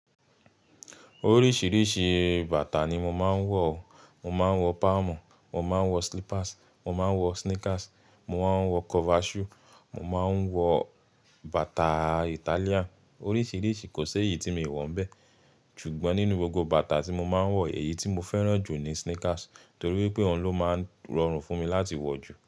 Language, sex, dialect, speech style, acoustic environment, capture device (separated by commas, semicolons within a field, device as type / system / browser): Yoruba, male, Nigeria - Nigerian Standard, relaxed, silence, mobile / Linux / Chrome